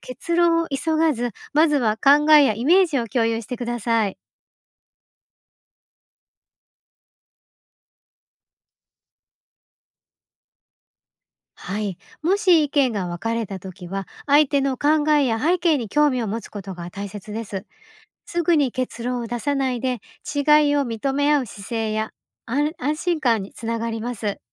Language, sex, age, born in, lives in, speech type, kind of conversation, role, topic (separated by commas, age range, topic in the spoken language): Japanese, female, 50-54, Japan, Japan, read, expert-assistant, expert, パートナーとの将来について、どんなふうに話し合って計画を立てていくのが自然だと思いますか？